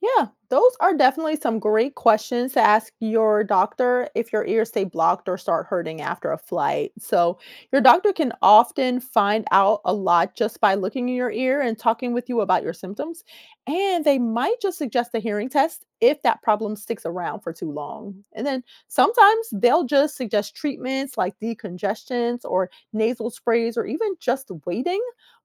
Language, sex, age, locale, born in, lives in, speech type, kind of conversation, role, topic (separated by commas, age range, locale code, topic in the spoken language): English, female, 45-49, en-US, United States, United States, read, expert-assistant, expert, Why do ears pop during altitude changes?